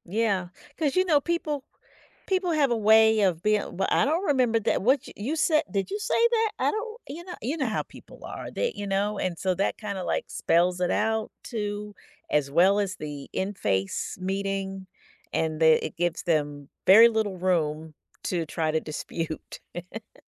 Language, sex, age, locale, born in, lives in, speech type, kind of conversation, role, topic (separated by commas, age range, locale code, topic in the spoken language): English, female, 55-59, en-US, United States, United States, conversation, podcast, host, What important lesson did you only learn after starting your career?